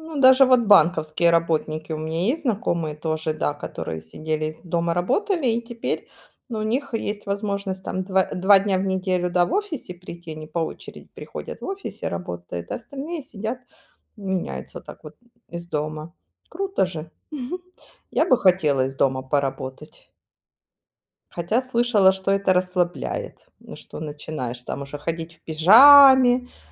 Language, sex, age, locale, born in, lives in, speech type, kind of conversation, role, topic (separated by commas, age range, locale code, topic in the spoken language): Russian, female, 40-44, ru-RU, Ukraine, Spain, conversation, unstructured, none, Как вы относитесь к работе из дома с использованием цифровых инструментов?